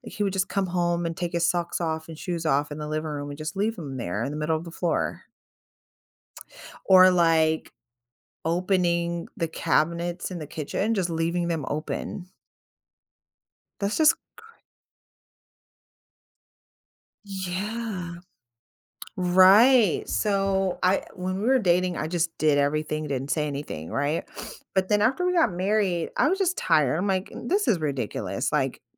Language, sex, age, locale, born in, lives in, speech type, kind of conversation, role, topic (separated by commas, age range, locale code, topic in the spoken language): English, female, 35-39, en-US, United States, United States, conversation, advice, user, How can I address my roommate's hygiene?